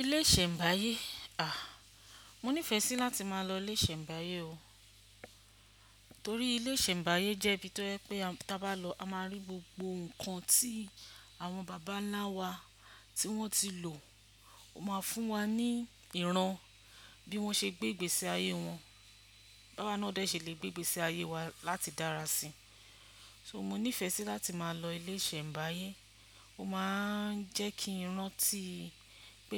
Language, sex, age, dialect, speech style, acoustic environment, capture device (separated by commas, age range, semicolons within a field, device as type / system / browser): Yoruba, female, 45-54, Nigeria - Ibadan, focused, silence, desktop / Windows / Chrome